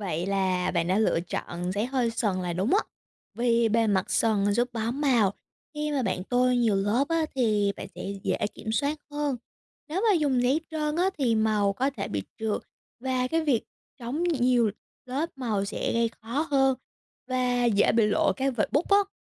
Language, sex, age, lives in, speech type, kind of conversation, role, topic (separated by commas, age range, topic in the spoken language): Vietnamese, female, 18-19, United States, read, expert-assistant, expert, Vì sao khi ta cạo bút chì lên giấy, giấy lại có màu?